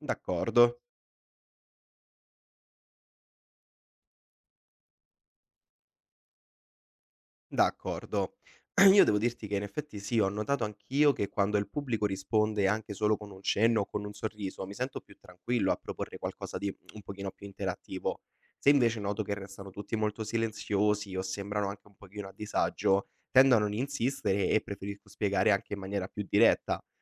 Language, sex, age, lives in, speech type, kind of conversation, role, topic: Italian, male, 20-24, Italy, read, expert-assistant, asker, Come posso capire se quello che leggo sulle scelte economiche delle persone è davvero affidabile?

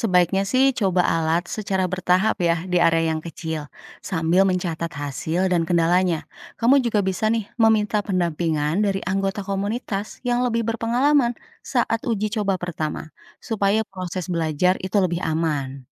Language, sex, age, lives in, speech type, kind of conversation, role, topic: Indonesian, female, 35-39, Indonesia, read, expert-assistant, expert, Bagaimana cara memastikan informasi tentang alat pertanian baru yang sering muncul di media sosial itu benar-benar akurat?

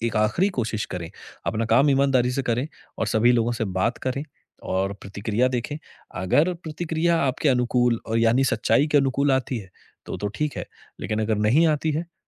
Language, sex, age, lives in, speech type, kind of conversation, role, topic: Hindi, male, 30-34, India, conversation, advice, advisor, अस्वीकृति से सीखकर मैं आगे कैसे बढ़ूं?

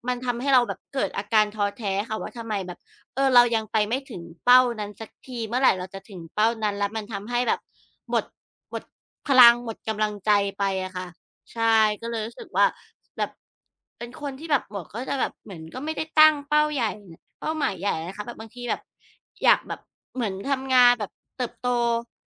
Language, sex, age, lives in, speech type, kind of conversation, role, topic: Thai, female, 35-39, Thailand, conversation, unstructured, none, คุณคิดว่าการตั้งเป้าหมายช่วยให้ชีวิตมีความหมายไหม?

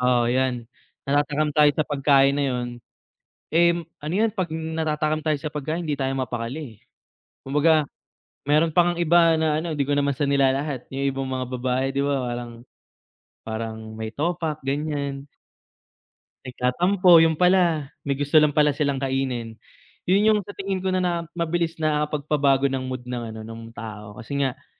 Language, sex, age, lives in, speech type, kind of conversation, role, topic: Filipino, male, 25-29, Philippines, conversation, unstructured, none, Anong pagkain ang laging nagpapasaya sa iyo kapag nakakain mo ito?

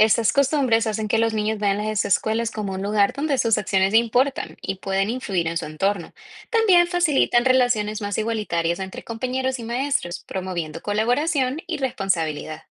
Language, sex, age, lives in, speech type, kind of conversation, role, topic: Spanish, female, 25-29, United States, read, expert-assistant, expert, ¿Por qué en algunas familias se espera que los niños sean más independientes que en otras?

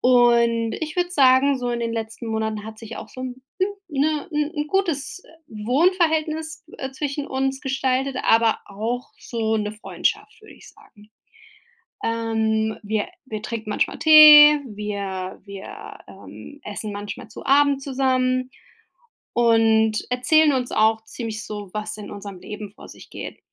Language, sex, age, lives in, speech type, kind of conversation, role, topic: German, female, 35-39, United States, conversation, advice, user, Wie setze ich gesunde Grenzen, wenn ich mich neu verliebe?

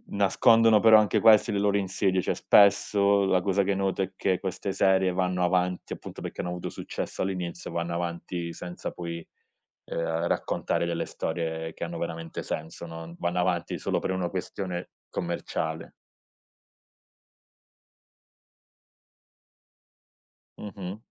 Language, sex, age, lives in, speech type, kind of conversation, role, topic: Italian, male, 30-34, Italy, conversation, podcast, guest, Perché ami così tanto una serie TV?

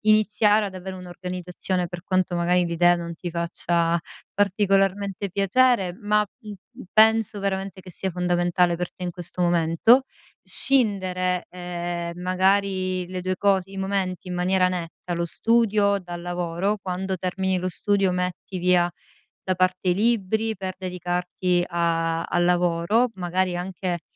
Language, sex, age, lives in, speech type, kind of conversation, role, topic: Italian, female, 35-39, Italy, conversation, advice, advisor, Come posso inserire pause quotidiane per migliorare il mio benessere?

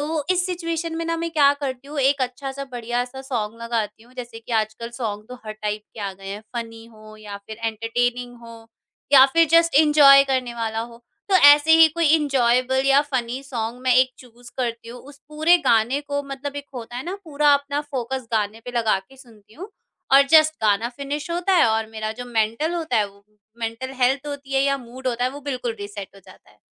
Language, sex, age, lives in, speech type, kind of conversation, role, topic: Hindi, female, 50-54, India, conversation, podcast, guest, Quick mental reset ke liye tumhara sabse simple routine kya hai?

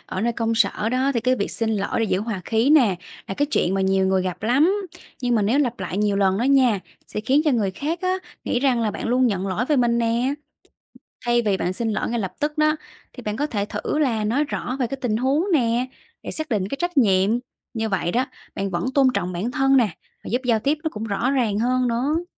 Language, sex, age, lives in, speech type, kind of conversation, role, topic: Vietnamese, female, 30-34, Vietnam, read, expert-assistant, expert, Tại sao nên biết nói lời xin lỗi đúng lúc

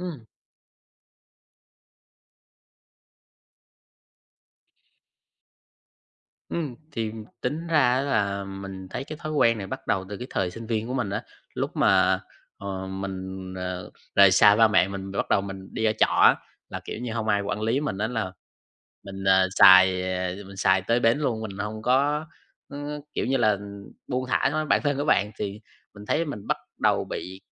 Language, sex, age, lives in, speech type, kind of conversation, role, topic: Vietnamese, male, 20-24, Vietnam, conversation, advice, user, Làm sao để giữ tỉnh táo và tập trung khi tôi dễ bị phân tâm suốt ngày?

